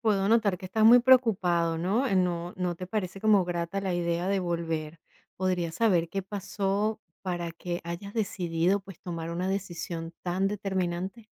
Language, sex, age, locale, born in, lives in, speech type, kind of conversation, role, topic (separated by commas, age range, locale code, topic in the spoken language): Spanish, female, 45-49, es-VE, Venezuela, United States, conversation, advice, advisor, ¿Mi ex quiere volver y no sé si deberíamos reconciliarnos?